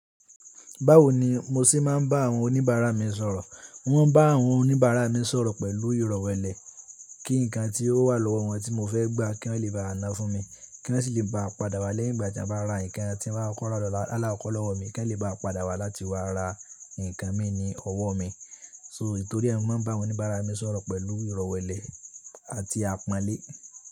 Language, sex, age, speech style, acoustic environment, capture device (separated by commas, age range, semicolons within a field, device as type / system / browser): Yoruba, male, 18-24, tired, people talking, mobile / Linux / Chrome